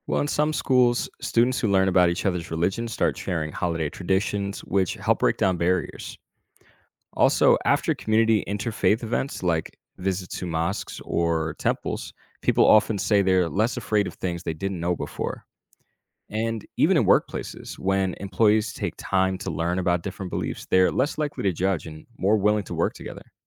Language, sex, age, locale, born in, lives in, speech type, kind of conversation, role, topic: English, male, 25-29, en-US, United States, United States, read, expert-assistant, expert, How can studying world religions encourage greater tolerance?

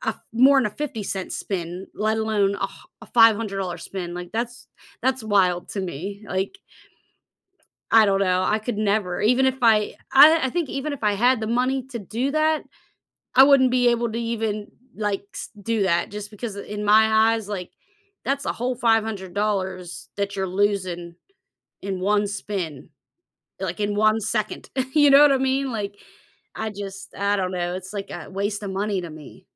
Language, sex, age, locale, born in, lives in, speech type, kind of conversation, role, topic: English, female, 35-39, en-US, United States, United States, conversation, unstructured, none, What lessons can we learn from observing other people's financial mistakes?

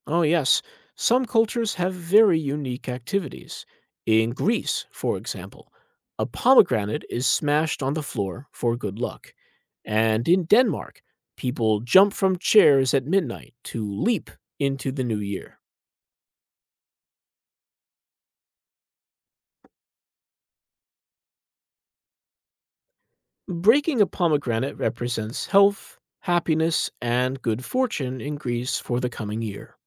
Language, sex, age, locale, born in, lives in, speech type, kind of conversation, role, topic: English, male, 35-39, en-US, United States, United States, read, expert-assistant, expert, How are New Year traditions and celebrations different around the world?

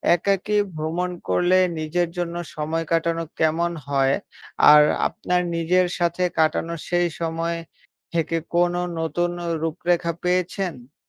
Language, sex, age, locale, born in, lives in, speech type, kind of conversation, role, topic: Bengali, male, 20-24, bn-BD, Bangladesh, Bangladesh, conversation, unstructured, none, আপনি কি কখনো একাকী ভ্রমণ করেছেন? অভিজ্ঞতা কেমন ছিল?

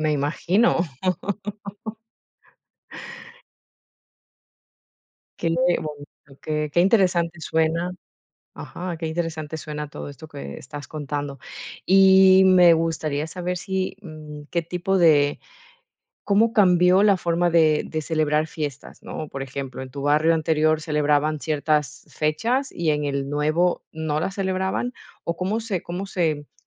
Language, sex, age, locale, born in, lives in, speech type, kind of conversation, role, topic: Spanish, female, 40-44, es-EC, Ecuador, Netherlands, conversation, podcast, host, ¿Qué barrio te ha marcado y por qué?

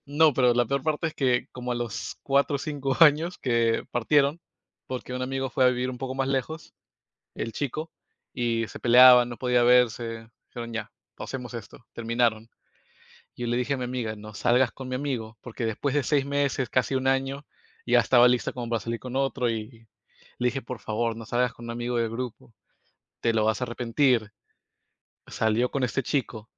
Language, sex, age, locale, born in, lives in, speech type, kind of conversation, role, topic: Spanish, male, 25-29, es-PE, United States, United States, conversation, unstructured, none, ¿Crees que siempre es correcto decir la verdad?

